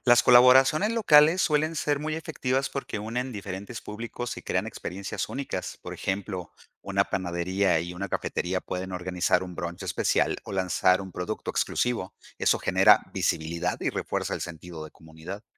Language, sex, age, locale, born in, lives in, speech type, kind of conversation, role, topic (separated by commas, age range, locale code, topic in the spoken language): Spanish, male, 50-54, es-MX, Mexico, Mexico, read, expert-assistant, expert, ¿Cuál sería una forma creativa de reinventar un negocio tradicional, como una panadería o una papelería?